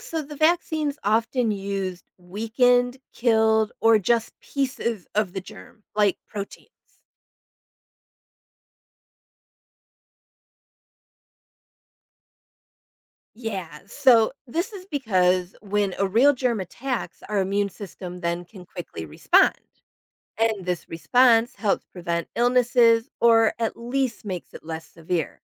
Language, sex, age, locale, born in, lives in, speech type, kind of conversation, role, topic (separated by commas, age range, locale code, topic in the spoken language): English, female, 50-54, en-US, United States, United States, read, expert-assistant, expert, How do vaccines protect against diseases?